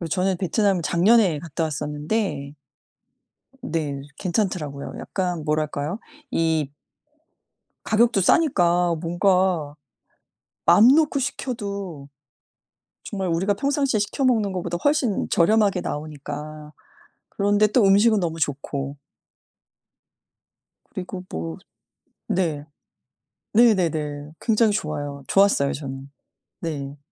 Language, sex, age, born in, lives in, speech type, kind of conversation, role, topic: Korean, female, 50-54, South Korea, United States, conversation, unstructured, none, 가장 기억에 남는 가족 여행지는 어디였나요?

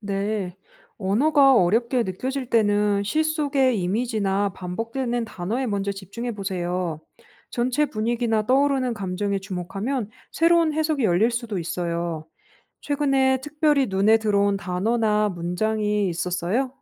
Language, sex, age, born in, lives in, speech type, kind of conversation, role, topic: Korean, female, 35-39, South Korea, France, read, expert-assistant, expert, 좋은 시를 평가할 때 가장 중요한 요소(예: 언어의 아름다움, 주제의 깊이, 감정의 전달 등)는 무엇이라고 생각하시나요? 본인만의 기준으로 순위를 정해보세요.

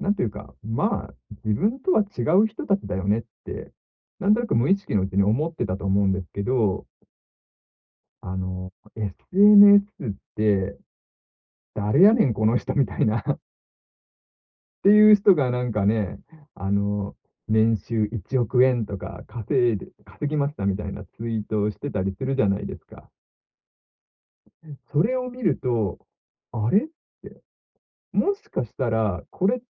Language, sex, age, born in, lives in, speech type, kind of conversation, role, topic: Japanese, male, 40-44, Japan, Japan, conversation, podcast, guest, 成功を自分なりに再定義するには、何から始めればいいですか？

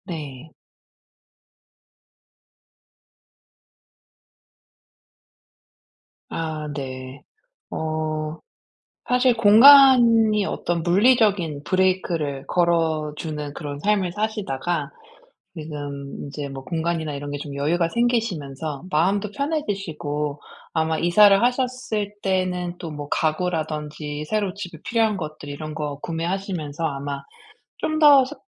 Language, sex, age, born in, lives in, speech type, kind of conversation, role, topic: Korean, female, 40-44, South Korea, United States, conversation, advice, advisor, 온라인으로 물건을 살 때 충동구매를 줄이는 간단한 방법이 있나요?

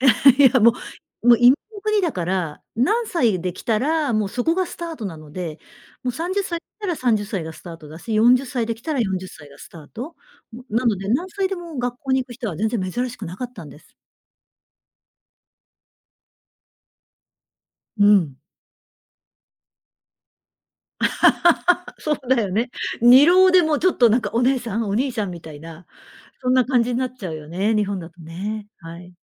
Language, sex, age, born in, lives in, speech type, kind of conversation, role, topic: Japanese, female, 55-59, Japan, Japan, conversation, podcast, guest, 失敗が転機になった経験はありますか？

